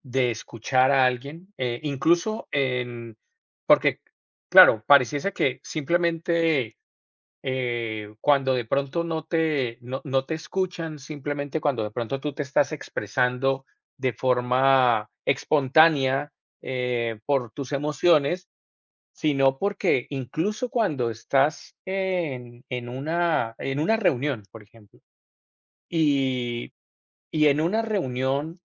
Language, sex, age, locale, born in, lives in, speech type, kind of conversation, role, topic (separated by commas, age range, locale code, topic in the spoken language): Spanish, male, 45-49, es-CO, Colombia, United States, conversation, unstructured, none, ¿Has sentido tristeza por no sentirte escuchado tal como eres?